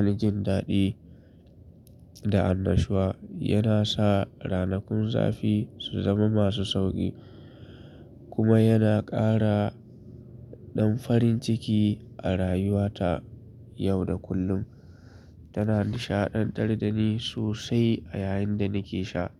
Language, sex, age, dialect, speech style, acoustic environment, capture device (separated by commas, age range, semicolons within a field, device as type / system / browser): Hausa, male, 25-34, Nigeria - Kano, relaxed; focused, people talking, mobile / Linux / Chrome